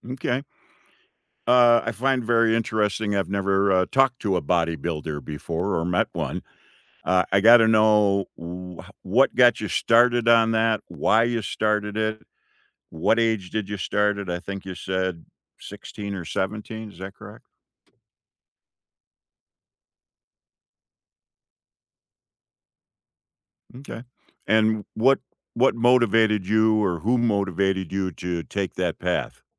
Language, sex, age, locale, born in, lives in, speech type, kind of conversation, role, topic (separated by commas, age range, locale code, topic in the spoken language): English, male, 65-69, en-US, United States, United States, conversation, unstructured, none, Which small daily rituals help you feel centered, and what stories make them meaningful to you?